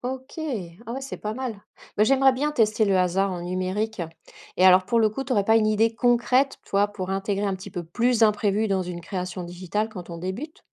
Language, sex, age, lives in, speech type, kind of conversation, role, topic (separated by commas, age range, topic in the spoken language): French, female, 40-44, France, read, expert-assistant, asker, Quelles astuces rendent vraiment l’apprentissage des arts numériques plus efficace au quotidien ?